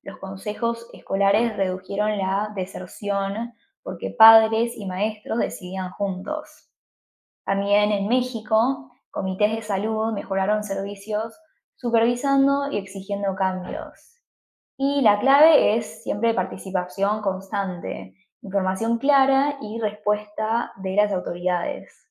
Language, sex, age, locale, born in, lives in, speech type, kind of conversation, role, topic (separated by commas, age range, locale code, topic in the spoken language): Spanish, female, 20-24, es-AR, Argentina, United States, read, expert-assistant, expert, ¿Por qué algunos países ofrecen educación superior gratuita y cómo influye la participación social?